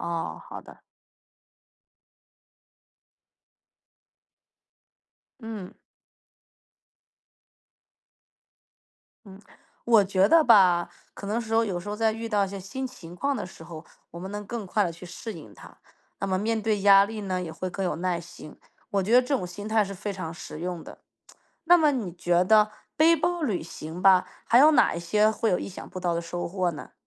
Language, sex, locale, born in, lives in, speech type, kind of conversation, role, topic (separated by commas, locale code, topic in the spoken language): Chinese, female, zh-CN, China, United States, read, expert-assistant, asker, 为什么有些人喜欢“背包旅行”，这种旅行方式和常规旅游有什么不同？